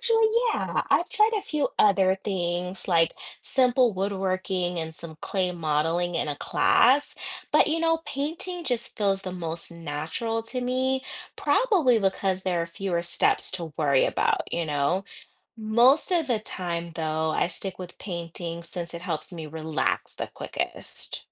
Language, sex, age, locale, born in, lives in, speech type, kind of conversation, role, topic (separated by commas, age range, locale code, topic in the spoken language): English, female, 40-44, en-US, United States, United States, read, expert-assistant, asker, Why do people enjoy building things with their hands?